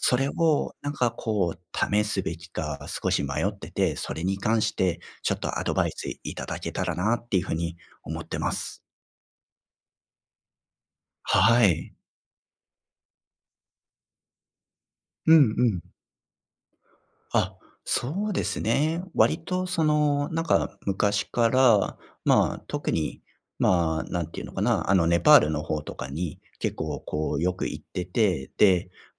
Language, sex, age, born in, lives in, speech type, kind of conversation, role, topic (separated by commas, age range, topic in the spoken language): Japanese, male, 35-39, Japan, Japan, conversation, advice, user, 新しい食事法を試すべきか迷っている